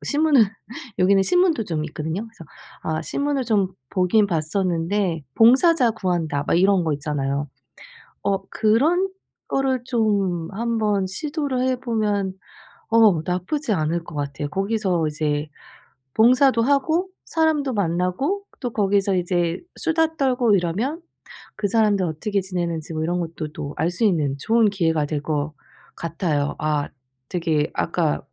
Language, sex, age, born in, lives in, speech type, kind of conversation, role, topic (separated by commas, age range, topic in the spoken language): Korean, female, 40-44, South Korea, United States, conversation, advice, user, 새로운 환경에서 친한 친구를 쉽게 사귀려면 어떻게 해야 하나요?